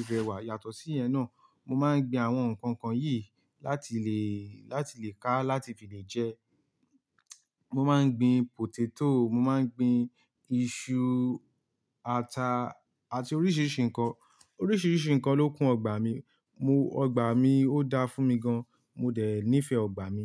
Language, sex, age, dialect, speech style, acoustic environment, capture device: Yoruba, male, 18-24, Nigeria - Ibadan, relaxed; happy, silence, mobile / Linux / Chrome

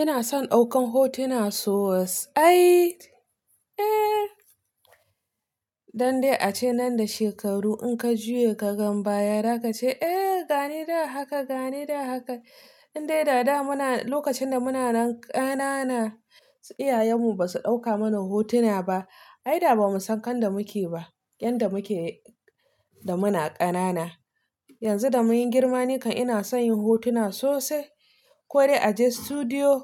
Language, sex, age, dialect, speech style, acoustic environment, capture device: Hausa, female, 25-34, Nigeria - Nigerian Standard, relaxed, silence, mobile / Linux / Chrome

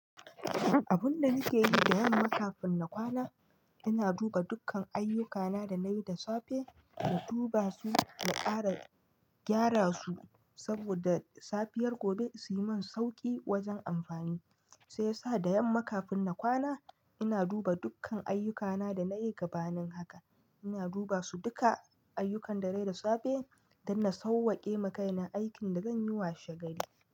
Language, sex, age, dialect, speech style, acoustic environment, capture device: Hausa, male, 25-34, Nigeria - Nigerian Standard, happy, nature, mobile / Linux / Chrome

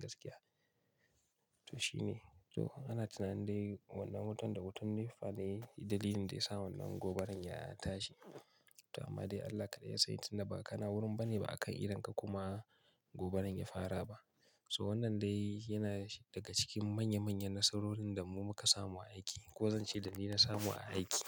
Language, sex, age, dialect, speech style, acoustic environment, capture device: Hausa, male, 25-34, Nigeria - Kano, focused, nature; silence, mobile / Linux / Chrome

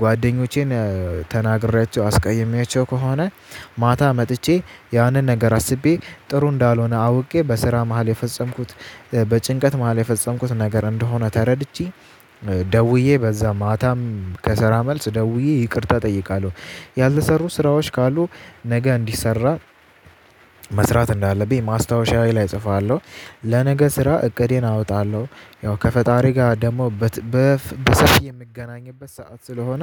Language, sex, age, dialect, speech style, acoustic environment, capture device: Amharic, male, 18-24, Ethiopia - Addis Ababa, anxious; tired; nervous, silence; nature; music; traffic, mobile / Linux / Chrome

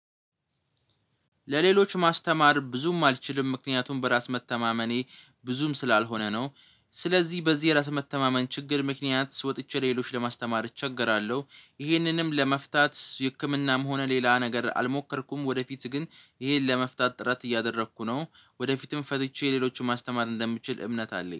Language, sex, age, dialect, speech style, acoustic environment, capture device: Amharic, male, 18-24, Ethiopia - Addis Ababa, relaxed, nature, mobile / Linux / Chrome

